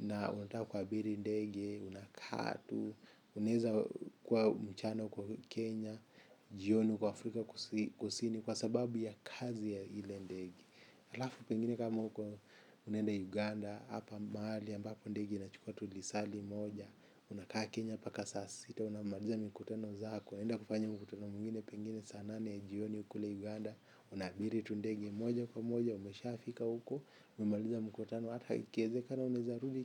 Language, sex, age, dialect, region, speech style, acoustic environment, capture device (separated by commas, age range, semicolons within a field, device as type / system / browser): Swahili, male, 25-34, Kenya - Mombasa, Kenya, happy; focused, people talking; traffic; music; appliances; construction, mobile / Linux / Chrome